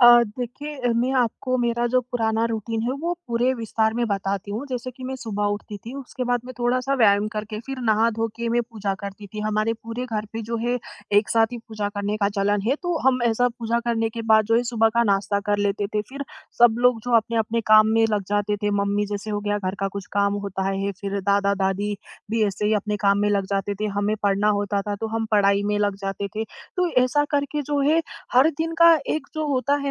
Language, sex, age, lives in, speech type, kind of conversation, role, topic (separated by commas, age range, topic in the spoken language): Hindi, female, 20-24, India, conversation, advice, user, मैं जहाँ भी रहूँ, वहाँ घर जैसा रूटीन और अपनी रोज़मर्रा की आदतें कैसे बना सकता हूँ?